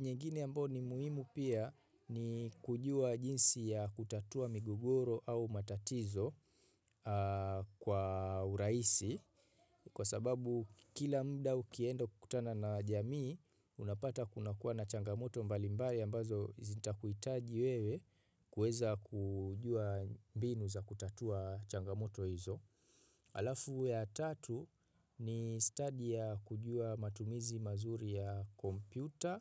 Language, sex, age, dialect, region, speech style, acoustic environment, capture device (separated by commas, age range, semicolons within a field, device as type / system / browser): Swahili, male, 25-34, Kenya - Mombasa, Kenya, focused, people talking, mobile / Linux / Chrome